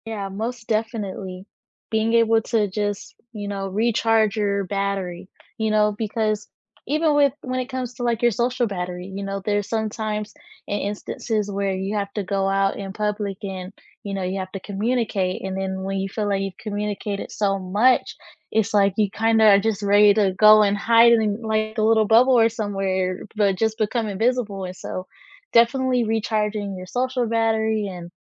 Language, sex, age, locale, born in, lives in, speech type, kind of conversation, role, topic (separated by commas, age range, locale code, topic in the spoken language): English, female, 20-24, en-US, United States, United States, conversation, unstructured, none, Which small rituals, spaces, or people help you recharge after a busy or stressful day, and why?